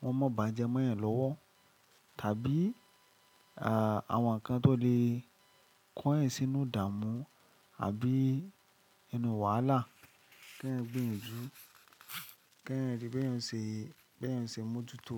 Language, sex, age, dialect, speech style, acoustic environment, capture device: Yoruba, male, 25-34, Nigeria - Lagos Yoruba, happy; excited, nature; silence, mobile / Linux / Chrome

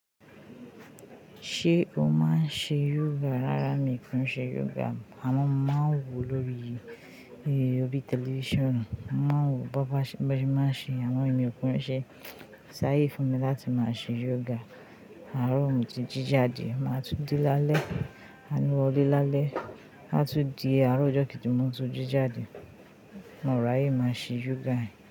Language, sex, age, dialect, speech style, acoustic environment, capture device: Yoruba, female, 25-34, Nigeria - Ijebu, happy, people talking, mobile / Linux / Chrome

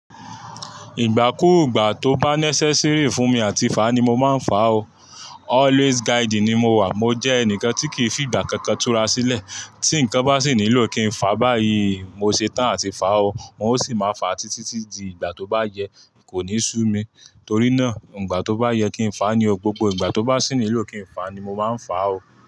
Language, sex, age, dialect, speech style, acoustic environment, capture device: Yoruba, male, 25-34, Nigeria - Ibadan, relaxed, traffic, mobile / Linux / Chrome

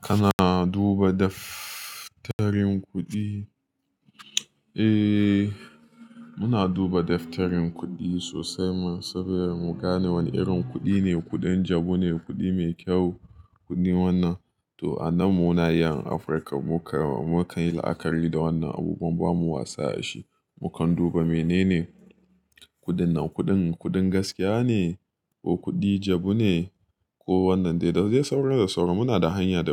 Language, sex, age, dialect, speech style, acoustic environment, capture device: Hausa, male, 25-34, Nigeria - Nigerian Standard, happy, appliances, mobile / Linux / Chrome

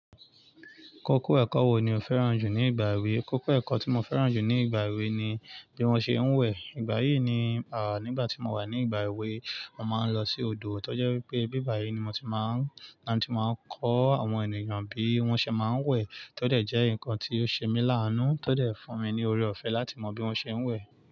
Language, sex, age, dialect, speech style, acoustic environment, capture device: Yoruba, male, 25-34, Nigeria - Lagos Yoruba, focused, nature, mobile / Linux / Chrome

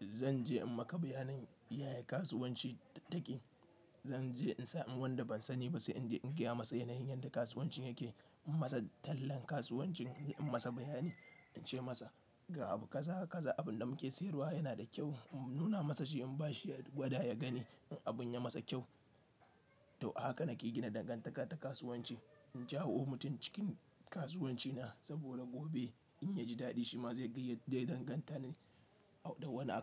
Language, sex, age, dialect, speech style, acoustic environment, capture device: Hausa, male, 25-34, Nigeria - Nigerian Standard, happy; relaxed, nature, mobile / Linux / Chrome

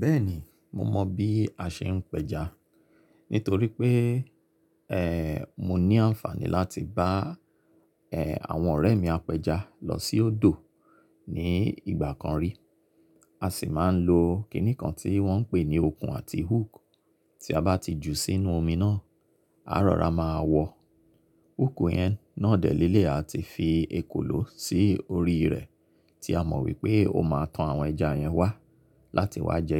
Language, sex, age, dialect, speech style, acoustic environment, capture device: Yoruba, male, 35-44, Nigeria - Lagos Yoruba, relaxed, silence, mobile / Linux / Chrome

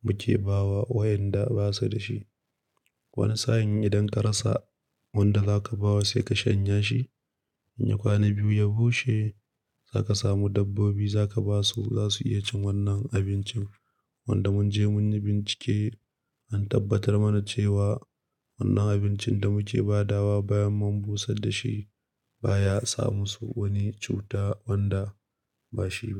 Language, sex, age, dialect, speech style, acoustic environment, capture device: Hausa, male, 25-34, Nigeria - Nigerian Standard, happy, silence, mobile / Linux / Chrome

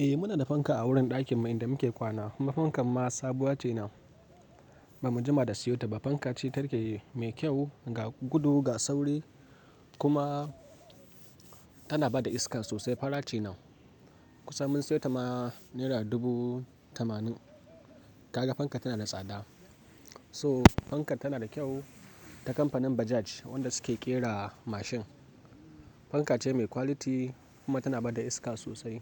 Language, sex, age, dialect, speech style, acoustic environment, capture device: Hausa, male, 18-24, Nigeria - Kano, happy; excited, nature, mobile / Linux / Chrome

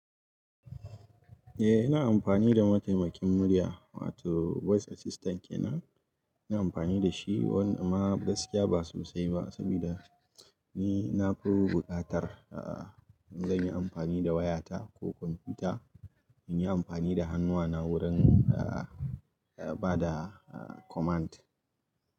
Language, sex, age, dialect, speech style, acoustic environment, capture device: Hausa, male, 25-34, Nigeria - Kano, relaxed, nature; silence, mobile / Linux / Chrome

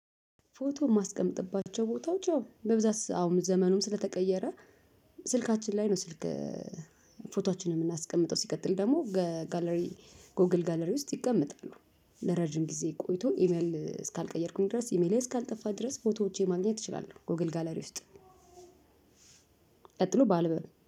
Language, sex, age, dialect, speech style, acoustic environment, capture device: Amharic, female, 35-44, Ethiopia - Addis Ababa, happy, people talking; music; construction, mobile / Linux / Chrome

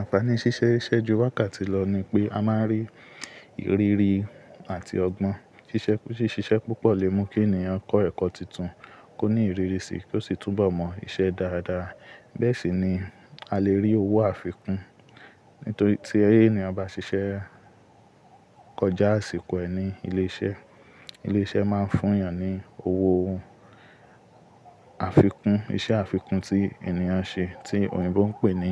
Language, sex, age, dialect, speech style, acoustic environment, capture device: Yoruba, male, 25-34, Nigeria - Ibadan, relaxed; focused, nature, mobile / Linux / Chrome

